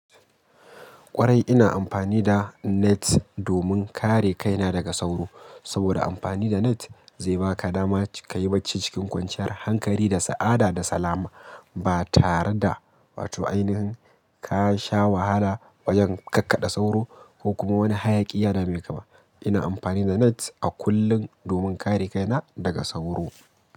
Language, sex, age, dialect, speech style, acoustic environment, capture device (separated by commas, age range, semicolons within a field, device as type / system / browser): Hausa, male, 25-34, Nigeria - Kano, happy; excited; focused, people talking; traffic; music; nature; appliances, mobile / Linux / Chrome